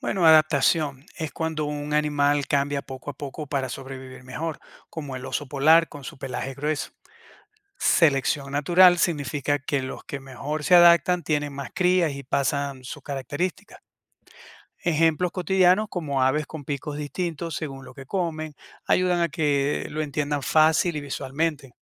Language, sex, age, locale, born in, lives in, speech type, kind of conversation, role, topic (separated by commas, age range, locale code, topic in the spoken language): Spanish, male, 50-54, es-VE, Venezuela, United States, read, expert-assistant, expert, ¿Cómo puedo distinguir entre información científica y mitos populares cuando leo sobre la evolución en internet?